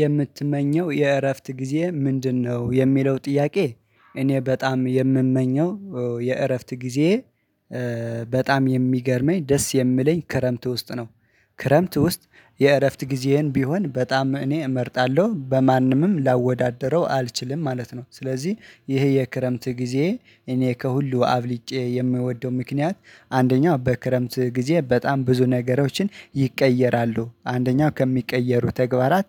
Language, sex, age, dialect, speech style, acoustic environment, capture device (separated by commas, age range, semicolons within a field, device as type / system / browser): Amharic, male, 25-34, Ethiopia - Addis Ababa, relaxed, silence, mobile / Linux / Chrome